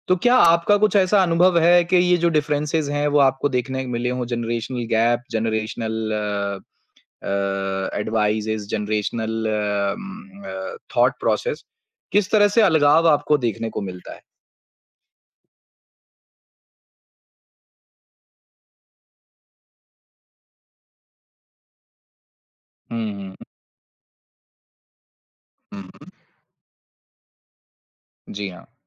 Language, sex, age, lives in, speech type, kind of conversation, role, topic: Hindi, male, 25-29, India, conversation, podcast, host, Aapke ghar mein baat-cheet ke tareeke mein peedhiyon ke beech kya fark dikhai deta hai?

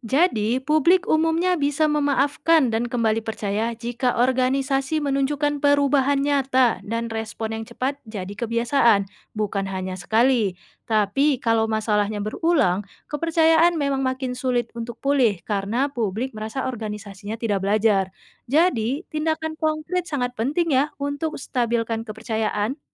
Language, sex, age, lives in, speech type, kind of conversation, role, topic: Indonesian, female, 25-29, Indonesia, read, expert-assistant, expert, Bagaimana jika teknologi kecerdasan buatan mulai menggantikan peran humas manusia sepenuhnya? Apakah hubungan antara organisasi dan publik akan menjadi lebih baik atau justru kehilangan sentuhan manusiawi?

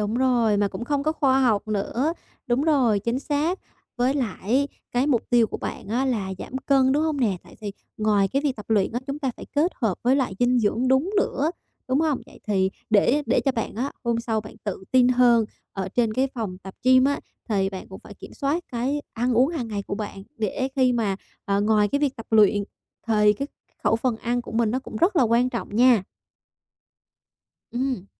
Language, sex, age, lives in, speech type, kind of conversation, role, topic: Vietnamese, female, 25-29, Vietnam, conversation, advice, advisor, Làm sao để tự tin hơn khi bắt đầu tập luyện?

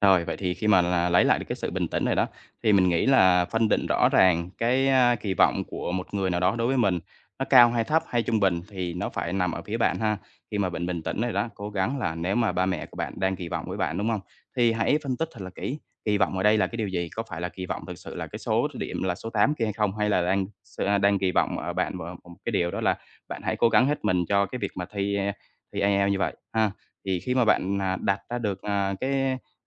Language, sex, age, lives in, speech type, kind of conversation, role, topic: Vietnamese, male, 25-29, Vietnam, conversation, advice, advisor, Làm sao để bớt lo lắng trước kỳ vọng của bố mẹ và vượt qua nỗi sợ thất bại?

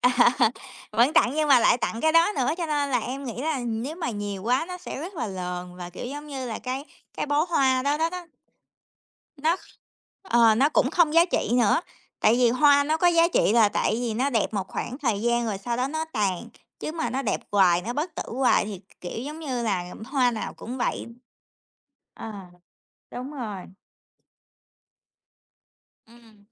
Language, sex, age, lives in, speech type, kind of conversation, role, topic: Vietnamese, female, 30-34, United States, conversation, unstructured, none, Bạn thường chọn loại hoa nào để tặng người thân, và vì sao?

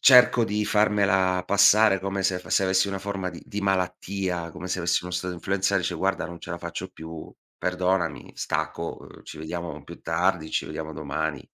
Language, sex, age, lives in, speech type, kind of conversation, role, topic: Italian, male, 40-44, Italy, conversation, podcast, guest, Qual è un’attività all’aperto che fai per calmare l’ansia?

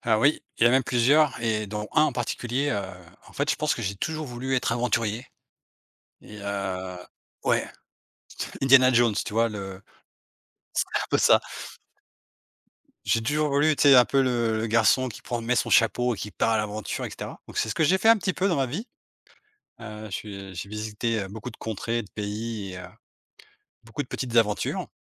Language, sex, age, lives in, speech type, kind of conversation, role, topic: French, male, 45-49, Portugal, conversation, unstructured, none, Quels rêves de ton enfance souhaites-tu encore réaliser ?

